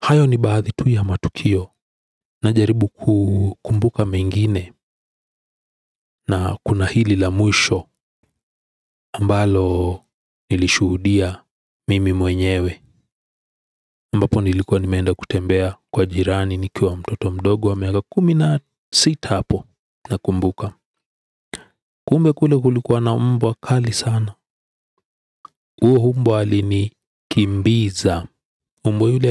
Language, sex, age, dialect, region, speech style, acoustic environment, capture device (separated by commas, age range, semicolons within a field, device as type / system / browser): Swahili, male, 35-44, Kenya - Nairobi Sheng-influenced, Kenya, relaxed, silence; people talking; appliances, desktop / Windows / Edge